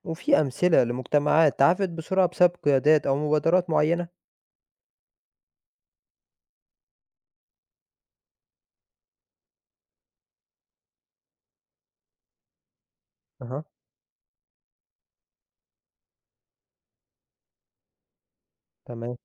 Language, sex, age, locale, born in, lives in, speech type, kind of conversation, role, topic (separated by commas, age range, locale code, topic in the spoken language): Arabic, male, 20-24, ar-EG, Egypt, Egypt, read, expert-assistant, asker, فيه دول كتير عندها مناطق متنازع عليها أو حدود مش واضحة، زي إيه أشهر الأمثلة وليه لسه مفيش حل نهائي للمشاكل دي؟